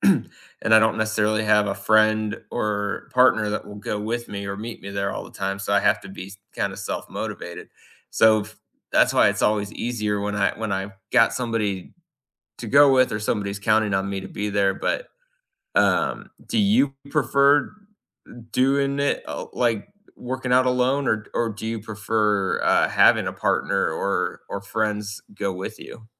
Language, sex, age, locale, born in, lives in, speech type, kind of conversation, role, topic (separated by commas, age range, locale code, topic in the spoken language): English, male, 35-39, en-US, United States, United States, conversation, unstructured, none, What kinds of movement do you genuinely enjoy and make time for most weeks, and why do they stick?